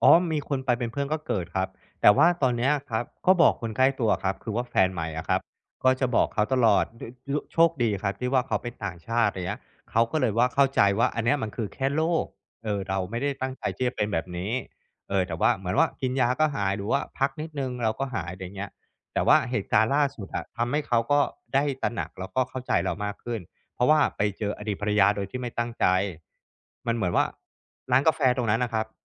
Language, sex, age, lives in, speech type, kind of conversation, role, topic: Thai, male, 35-39, Thailand, conversation, advice, user, ฉันควรทำอย่างไรเมื่อกลัวว่าคนอื่นจะตัดสินฉัน?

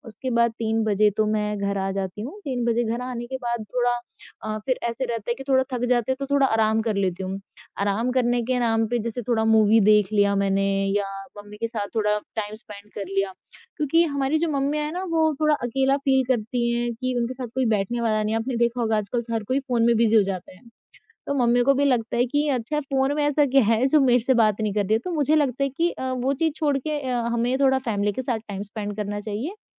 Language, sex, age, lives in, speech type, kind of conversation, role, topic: Hindi, female, 20-24, India, conversation, podcast, guest, Subah ki kaunsi chhoti routine tumhare mind aur body ko set karti hai?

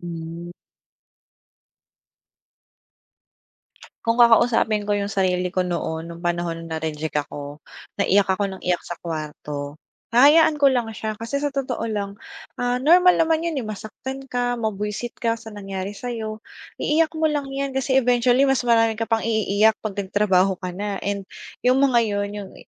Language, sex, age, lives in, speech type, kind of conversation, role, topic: Filipino, female, 25-29, Philippines, conversation, podcast, guest, Naranasan mo na bang ma-reject pero nauwi sa biyaya ang pangyayari?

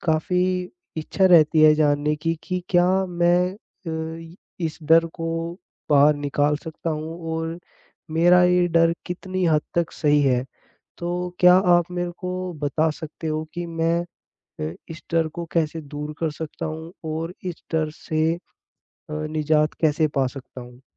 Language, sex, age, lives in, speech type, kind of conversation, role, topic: Hindi, male, 20-24, India, conversation, advice, user, परफेक्शनिज़्म की वजह से मैं काम शुरू नहीं कर पाता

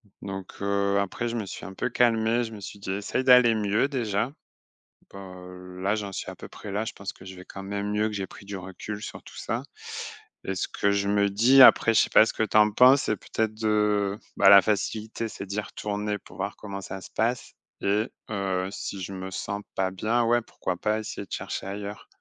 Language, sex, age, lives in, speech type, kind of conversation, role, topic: French, male, 35-39, France, conversation, advice, user, Comment s’est passé votre retour au travail après un long congé, et comment avez-vous géré les attentes ?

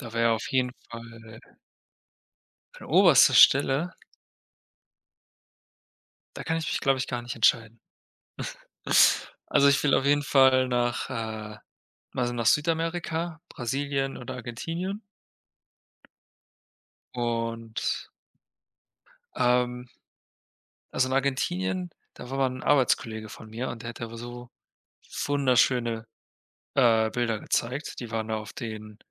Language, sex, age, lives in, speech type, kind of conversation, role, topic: German, male, 25-29, Germany, conversation, unstructured, none, Wohin würdest du am liebsten einmal reisen, und warum?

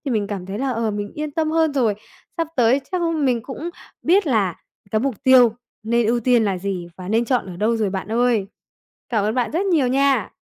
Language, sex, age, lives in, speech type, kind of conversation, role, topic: Vietnamese, female, 20-24, Vietnam, conversation, advice, user, Bạn đang có một mục tiêu mơ hồ và không biết nên bắt đầu từ đâu phải không?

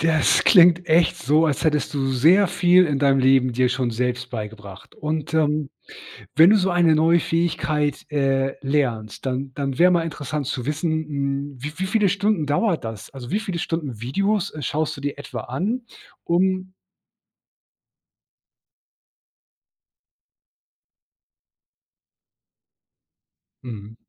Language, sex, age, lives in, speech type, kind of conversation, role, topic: German, male, 40-44, Germany, conversation, podcast, host, Wie hast du dir eine Fähigkeit komplett selbst beigebracht?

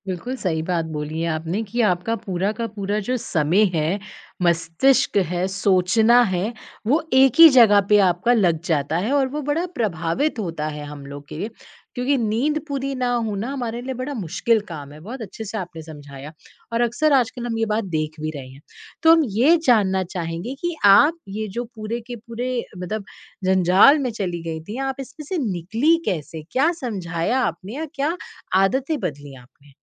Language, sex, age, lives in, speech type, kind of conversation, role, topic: Hindi, female, 30-34, India, conversation, podcast, host, आप सोशल मीडिया के मानसिक प्रभाव को कैसे संभालते हैं?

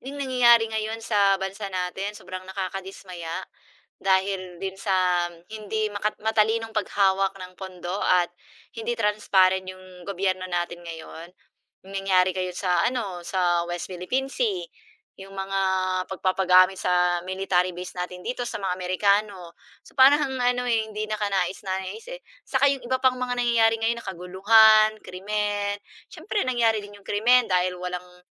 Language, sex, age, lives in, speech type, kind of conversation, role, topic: Filipino, female, 40-44, Philippines, conversation, unstructured, none, Bakit mahalaga ang pagiging bukas at malinaw ng pamahalaan?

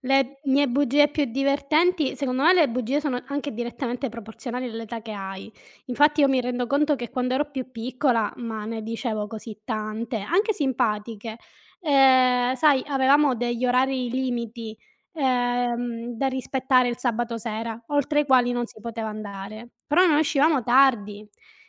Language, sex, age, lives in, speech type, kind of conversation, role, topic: Italian, female, 35-39, Italy, conversation, unstructured, none, Quando pensi che sia giusto dire una bugia?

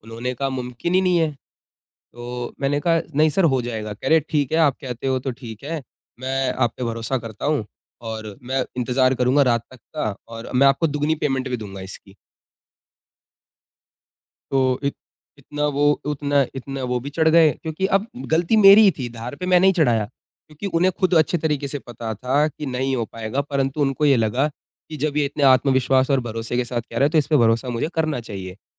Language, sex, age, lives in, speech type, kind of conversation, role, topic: Hindi, male, 20-24, India, conversation, podcast, guest, Collaboration mein trust banane ke simple tareeqe kya hain?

